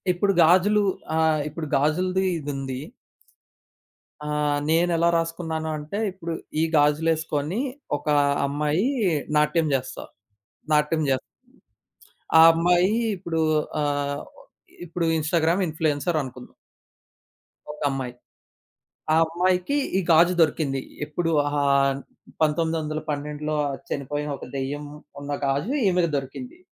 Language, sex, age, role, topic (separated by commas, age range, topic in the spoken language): Telugu, male, 20-24, guest, సాధారణ వ్యక్తి సృజనాత్మకతను పెంచుకోవడానికి మీరు ఏ చిట్కాలు సూచిస్తారు?